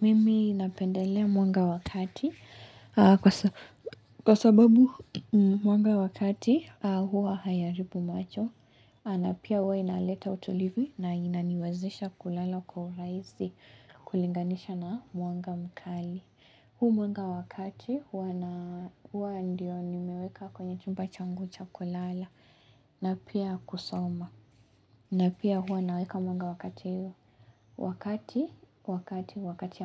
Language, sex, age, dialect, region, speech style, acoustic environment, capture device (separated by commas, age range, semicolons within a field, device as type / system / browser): Swahili, female, 18-24, Kenya - Mombasa, Kenya, relaxed, nature; silence, mobile / Linux / Chrome